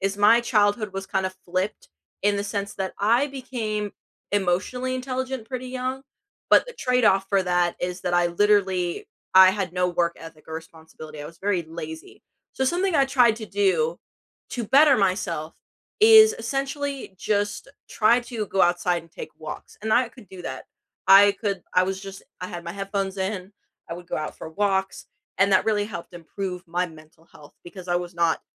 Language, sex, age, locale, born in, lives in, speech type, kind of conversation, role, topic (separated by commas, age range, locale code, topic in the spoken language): English, female, 18-19, en-US, United States, United States, conversation, unstructured, none, What is one small habit that has changed your day?